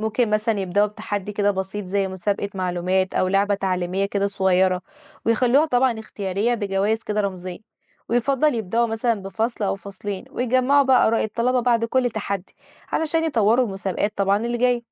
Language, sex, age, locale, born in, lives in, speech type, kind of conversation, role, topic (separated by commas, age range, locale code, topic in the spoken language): Arabic, female, 20-24, ar-EG, Egypt, Egypt, read, expert-assistant, expert, إزاي ممكن أستخدم التكنولوجيا بشكل فعال في تطوير المناهج الدراسية عشان التعليم يبقى أمتع وأسهل للطلبة؟